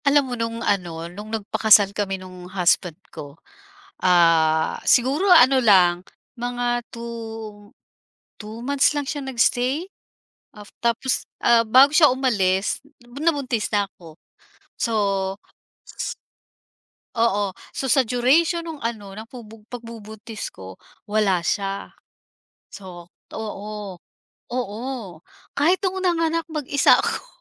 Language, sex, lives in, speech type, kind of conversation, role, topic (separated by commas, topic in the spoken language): Filipino, female, Philippines, conversation, podcast, guest, Paano mo unang naramdaman na isa ka nang magulang?